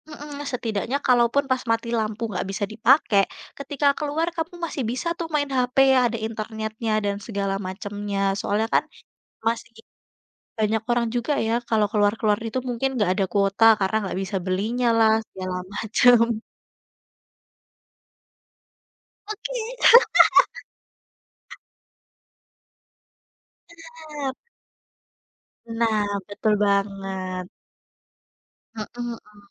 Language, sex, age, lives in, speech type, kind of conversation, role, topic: Indonesian, female, 20-24, Indonesia, conversation, unstructured, none, Apa hal sederhana yang membuat kamu merasa bersyukur?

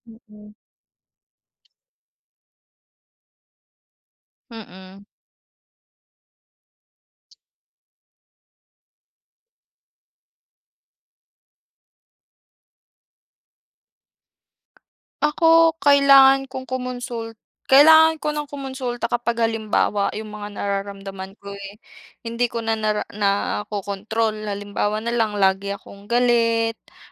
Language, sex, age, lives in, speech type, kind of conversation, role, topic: Filipino, female, 25-29, Philippines, conversation, unstructured, none, Ano ang mga palatandaan na kailangan mo nang humingi ng tulong?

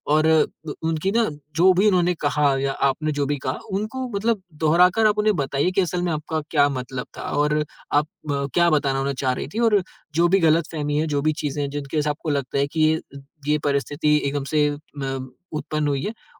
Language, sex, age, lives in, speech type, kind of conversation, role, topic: Hindi, male, 20-24, India, conversation, advice, advisor, आप बिना झगड़े के किस गलतफहमी को साफ करना चाहते हैं?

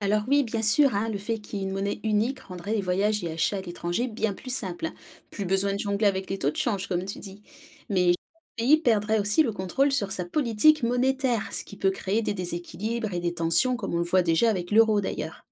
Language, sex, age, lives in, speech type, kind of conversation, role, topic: French, female, 30-34, France, read, expert-assistant, expert, Imaginons que tous les pays du monde utilisent la même monnaie : quels seraient les avantages et les inconvénients ?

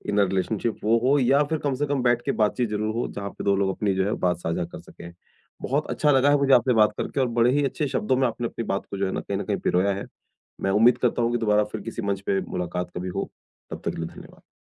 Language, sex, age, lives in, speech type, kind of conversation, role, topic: Hindi, male, 40-44, India, conversation, podcast, host, Naye friend ke saath bharosa banane ka pehla kadam kya hota hai?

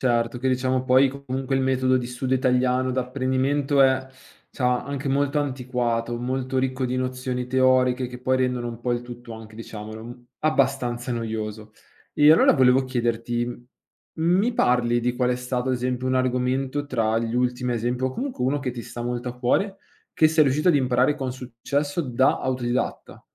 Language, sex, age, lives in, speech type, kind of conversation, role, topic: Italian, male, 25-29, Italy, conversation, podcast, host, Come nasce la tua curiosità di imparare cose nuove?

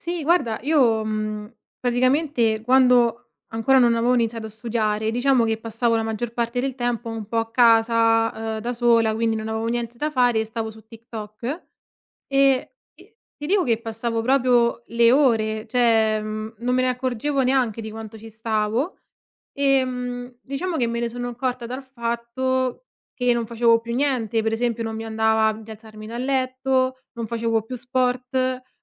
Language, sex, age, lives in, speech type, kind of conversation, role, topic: Italian, female, 25-29, Italy, conversation, podcast, guest, Come ti proteggi dalle distrazioni causate dalle notifiche?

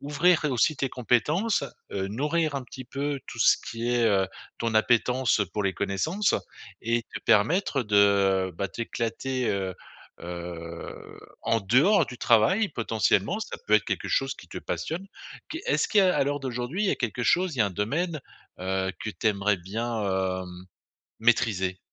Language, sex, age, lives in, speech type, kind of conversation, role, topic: French, male, 50-54, France, conversation, advice, advisor, Comment rester motivé face à des tâches répétitives au quotidien ?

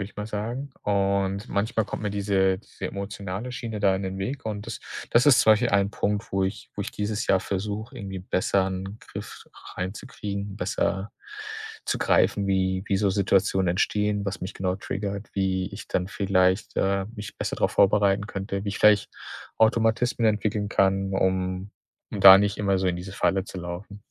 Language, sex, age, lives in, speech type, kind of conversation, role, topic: German, male, 30-34, Germany, conversation, podcast, guest, Wie bleibst du ambitioniert, ohne auszubrennen?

